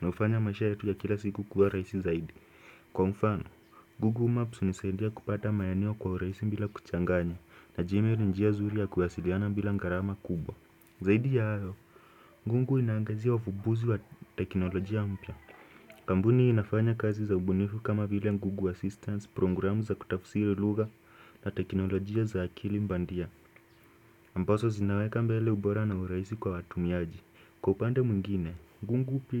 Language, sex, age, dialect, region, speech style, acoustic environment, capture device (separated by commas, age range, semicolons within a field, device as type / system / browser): Swahili, male, 18-24, Kenya - Nairobi Sheng-influenced, Kenya, focused, silence, desktop / Windows / Chrome